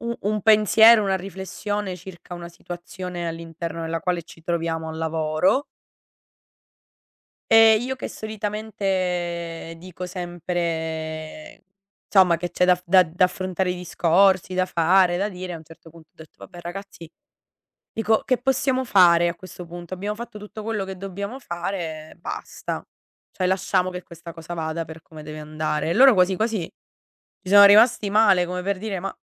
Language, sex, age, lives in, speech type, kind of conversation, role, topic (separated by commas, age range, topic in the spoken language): Italian, female, 60-64, Italy, conversation, unstructured, none, Che cosa ti sorprende di più di te stesso?